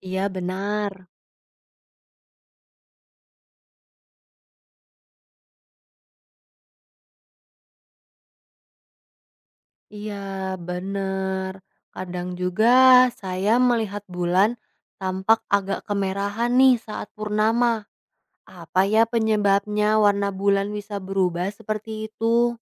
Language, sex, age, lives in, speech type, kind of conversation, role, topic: Indonesian, female, 20-24, Indonesia, read, expert-assistant, asker, Mengapa bulan tampak berubah bentuk setiap malam?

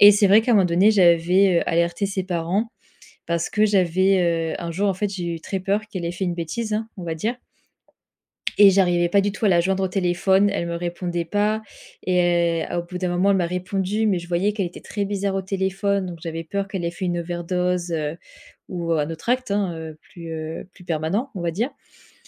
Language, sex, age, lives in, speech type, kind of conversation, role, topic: French, female, 25-29, France, conversation, advice, user, Comment puis-je soutenir un ami en détresse sans me laisser submerger émotionnellement ?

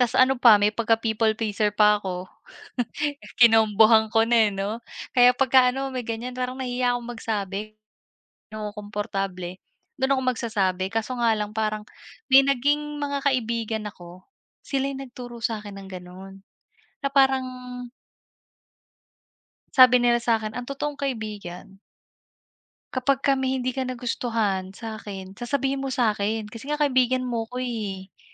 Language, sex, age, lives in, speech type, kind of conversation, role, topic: Filipino, female, 30-34, Philippines, conversation, unstructured, none, Ano ang nararamdaman mo kapag may taong naninira sa likod mo?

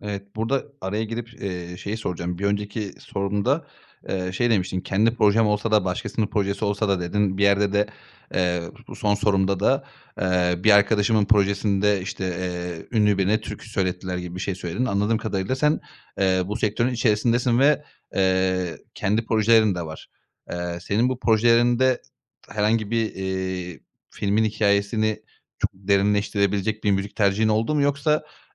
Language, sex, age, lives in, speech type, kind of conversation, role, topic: Turkish, male, 30-34, Bulgaria, conversation, podcast, host, Bir filmin müziği hikâyeyi nasıl etkiler?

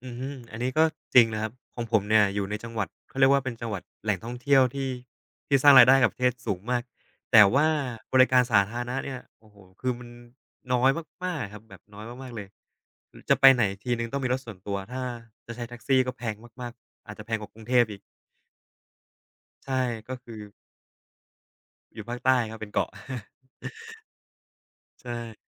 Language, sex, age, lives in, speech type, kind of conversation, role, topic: Thai, male, 25-29, Thailand, conversation, unstructured, none, การคอร์รัปชันในรัฐบาลส่งผลกระทบต่อชีวิตประจำวันของเราอย่างไร?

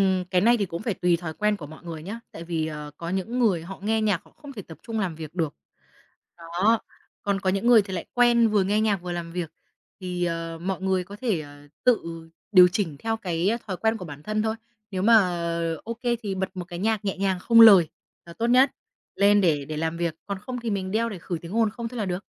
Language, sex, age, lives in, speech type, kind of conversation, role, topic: Vietnamese, female, 25-29, Vietnam, conversation, podcast, guest, Thói quen nào giúp bạn dễ vào trạng thái tập trung sâu?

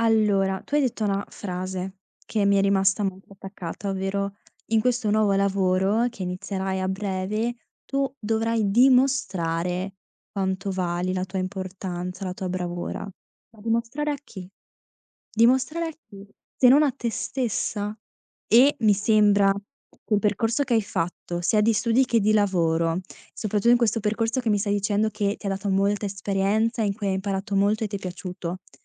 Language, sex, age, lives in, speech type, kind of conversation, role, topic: Italian, female, 20-24, Italy, conversation, advice, advisor, Quali blocchi legati al perfezionismo ti impediscono di iniziare nuovi progetti?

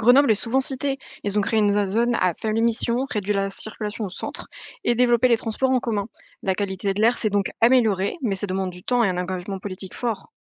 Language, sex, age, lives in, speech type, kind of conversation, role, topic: French, female, 20-24, France, read, expert-assistant, expert, Les villes françaises deviennent-elles vraiment plus vertes, ou est-ce exagéré ?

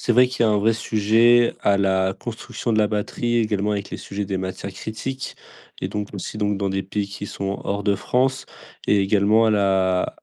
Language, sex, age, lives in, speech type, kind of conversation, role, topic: French, male, 20-24, France, conversation, podcast, host, Comment simplifier sa vie pour réduire son impact environnemental ?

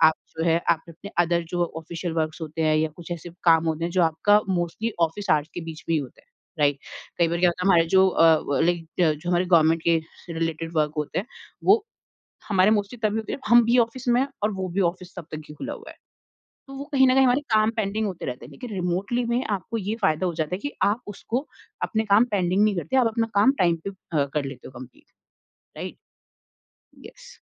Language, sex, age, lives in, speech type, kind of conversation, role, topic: Hindi, female, 30-34, India, conversation, podcast, guest, Remote kaam aur office culture ko tum kaise balance karte ho?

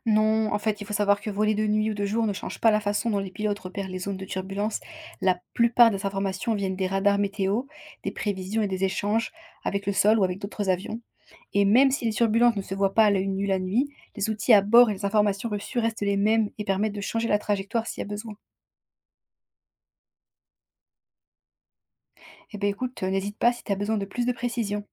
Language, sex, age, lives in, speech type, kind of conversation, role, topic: French, female, 25-29, France, read, expert-assistant, expert, Comment les avions peuvent-ils voler dans le ciel ?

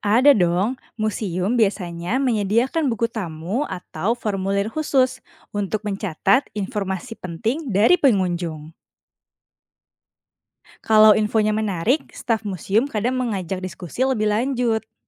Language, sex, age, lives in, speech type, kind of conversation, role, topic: Indonesian, female, 30-34, Indonesia, read, expert-assistant, expert, Bagaimana cara mengidentifikasi dan menelusuri asal-usul benda kuno di museum?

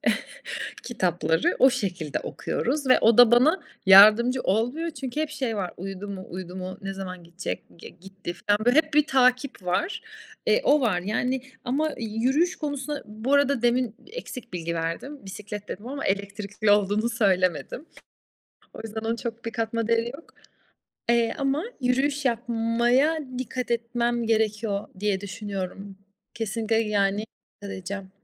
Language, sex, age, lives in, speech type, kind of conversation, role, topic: Turkish, female, 35-39, Italy, conversation, advice, user, Neden sürekli uyuyamıyorum ve sabahları neden bitkin uyanıyorum?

